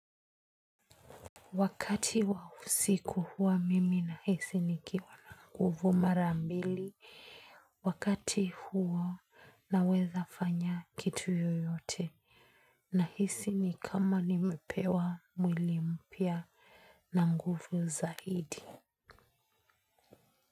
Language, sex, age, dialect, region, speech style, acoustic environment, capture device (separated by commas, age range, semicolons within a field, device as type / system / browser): Swahili, female, 25-34, Kenya - Nairobi Sheng-influenced, Kenya, happy, silence, mobile / Linux / Chrome